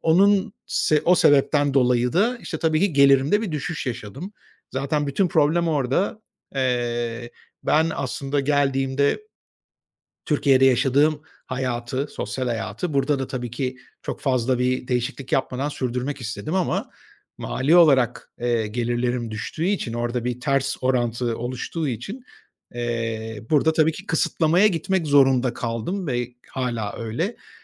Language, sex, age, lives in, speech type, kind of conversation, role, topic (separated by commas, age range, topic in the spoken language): Turkish, male, 45-49, Spain, conversation, advice, user, Mali kısıtlamalar yüzünden istediğiniz yaşam tarzını seçememeniz size nasıl hissettiriyor ve en çok hangi alanlarda zorlanıyorsunuz?